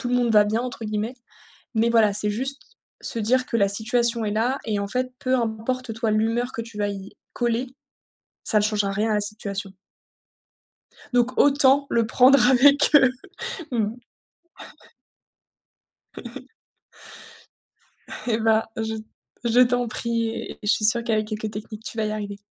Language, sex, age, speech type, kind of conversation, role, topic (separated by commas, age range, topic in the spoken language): French, female, 30-34, conversation, advice, advisor, Comment distinguer ce que je peux contrôler de ce que je ne peux pas contrôler quand je suis stressé ?